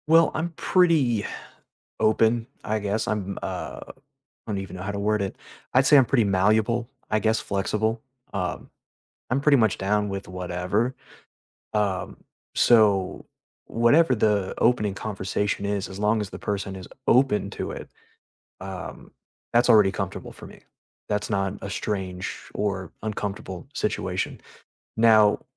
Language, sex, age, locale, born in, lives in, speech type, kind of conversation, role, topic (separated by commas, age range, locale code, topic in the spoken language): English, male, 30-34, en-US, United States, United States, conversation, unstructured, none, How do you make new friends as an adult in low-pressure, genuine ways?